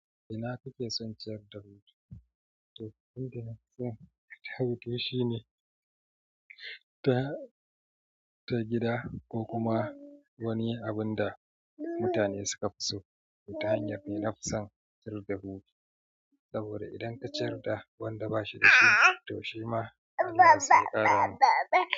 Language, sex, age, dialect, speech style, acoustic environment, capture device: Hausa, male, 35-44, Nigeria - Kano, excited; relaxed, nature, mobile / Android / Chrome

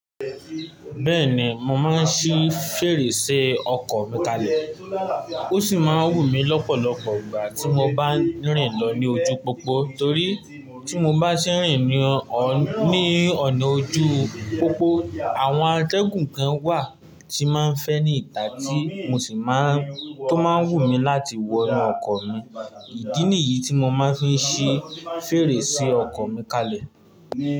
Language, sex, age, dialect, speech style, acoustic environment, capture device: Yoruba, male, 18-24, Nigeria - Ibadan, happy, nature, mobile / Linux / Chrome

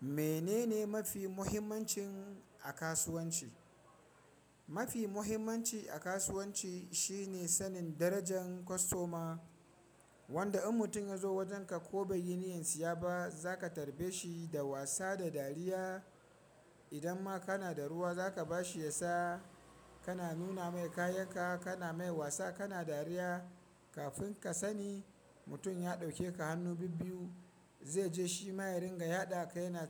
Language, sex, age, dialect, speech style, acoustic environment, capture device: Hausa, male, 25-34, Nigeria - Kano, happy; excited, people talking, mobile / Linux / Chrome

